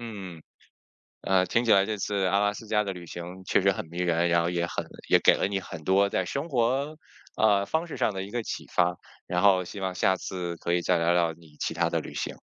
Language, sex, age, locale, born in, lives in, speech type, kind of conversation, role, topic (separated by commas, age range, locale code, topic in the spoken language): Chinese, male, 40-44, zh-CN, China, United States, conversation, podcast, host, 你最难忘的一次旅行经历是什么？